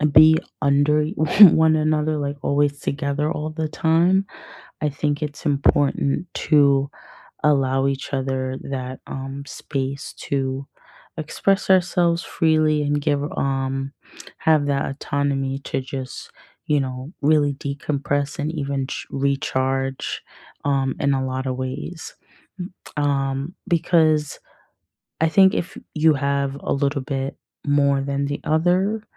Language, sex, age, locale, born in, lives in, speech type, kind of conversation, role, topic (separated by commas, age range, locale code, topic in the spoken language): English, female, 25-29, en-US, United States, United States, conversation, unstructured, none, How do you balance independence and togetherness over time in your relationships?